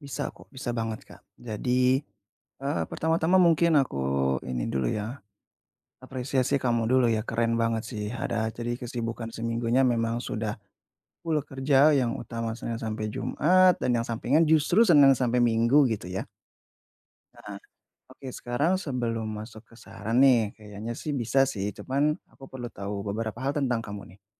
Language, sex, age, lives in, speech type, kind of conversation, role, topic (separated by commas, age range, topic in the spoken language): Indonesian, male, 30-34, Indonesia, conversation, advice, advisor, Bagaimana cara mulai meluangkan waktu untuk hobi dan minat pribadi ketika waktu terasa terbatas?